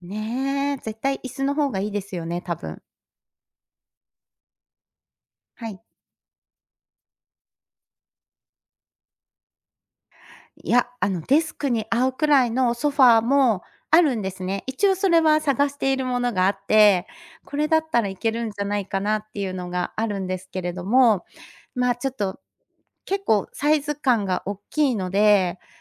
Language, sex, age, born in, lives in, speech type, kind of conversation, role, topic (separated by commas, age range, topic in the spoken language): Japanese, female, 50-54, Japan, Japan, conversation, podcast, guest, 仕事用のスペースはどのように整えていますか？